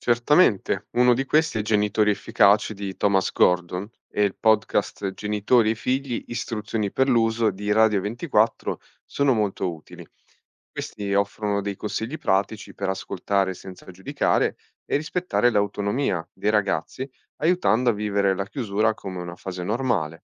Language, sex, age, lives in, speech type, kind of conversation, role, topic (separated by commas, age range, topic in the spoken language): Italian, male, 30-34, Italy, read, expert-assistant, expert, Quali sono i migliori libri o podcast per capire come funzionano i rapporti in famiglia oggi?